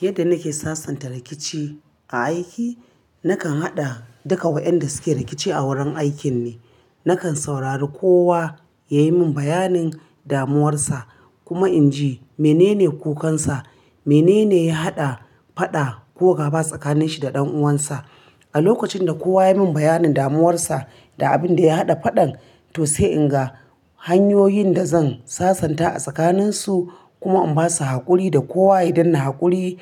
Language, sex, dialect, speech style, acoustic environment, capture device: Hausa, female, Nigeria - Kano, happy, appliances, mobile / Linux / Chrome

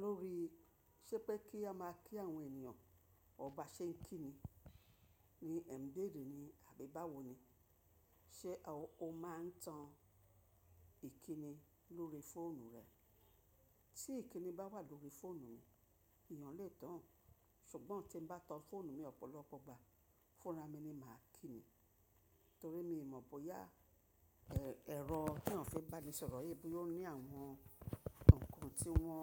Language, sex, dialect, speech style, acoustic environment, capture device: Yoruba, female, Nigeria - Lagos Yoruba, relaxed, nature, mobile / macOS / Safari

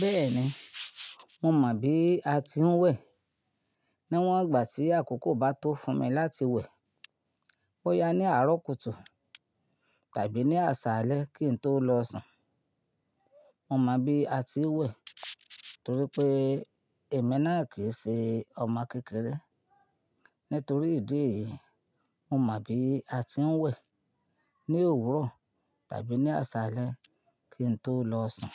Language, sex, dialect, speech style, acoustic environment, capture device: Yoruba, female, Nigeria - Ibadan, happy, silence, mobile / Linux / Chrome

